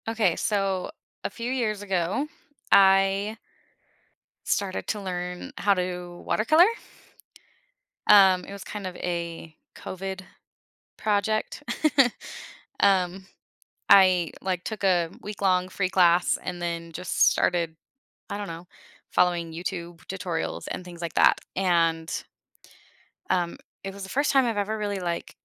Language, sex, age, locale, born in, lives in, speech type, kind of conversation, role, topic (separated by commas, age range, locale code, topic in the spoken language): English, female, 35-39, en-US, United States, United States, conversation, advice, user, How do I decide whether to follow my passion or prioritize financial stability?